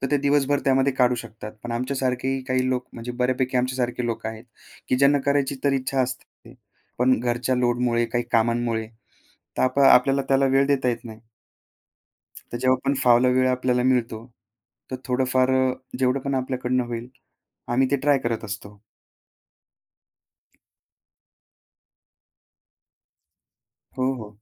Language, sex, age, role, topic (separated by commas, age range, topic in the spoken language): Marathi, male, 25-29, guest, सोशल मीडियाने तुमची शैली कशी घडवली?